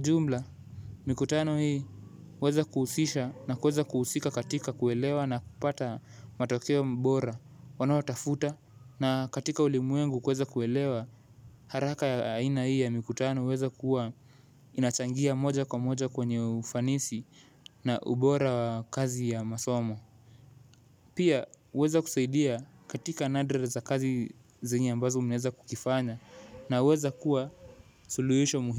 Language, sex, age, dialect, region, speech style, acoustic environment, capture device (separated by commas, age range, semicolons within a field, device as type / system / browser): Swahili, male, 18-24, Kenya - Mombasa, Kenya, relaxed; focused, silence, mobile / Linux / Chrome